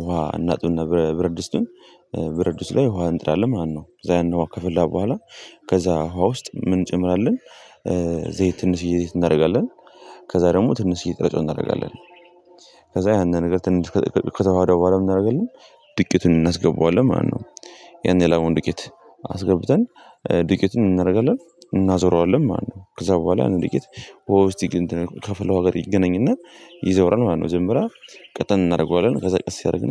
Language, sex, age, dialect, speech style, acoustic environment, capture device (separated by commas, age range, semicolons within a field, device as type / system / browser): Amharic, male, 18-24, Ethiopia - Gondar, happy; anxious; nervous, people talking; music; nature, mobile / Linux / Chrome